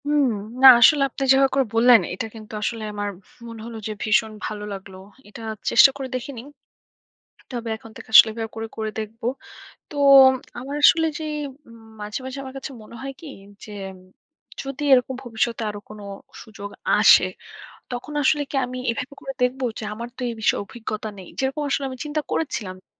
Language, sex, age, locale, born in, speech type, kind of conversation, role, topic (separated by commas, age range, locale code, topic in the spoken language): Bengali, female, 25-29, bn-BD, Bangladesh, conversation, advice, user, নিজেকে অযোগ্য মনে করলে সুযোগ কাজে লাগাতে ভয় কেন লাগে?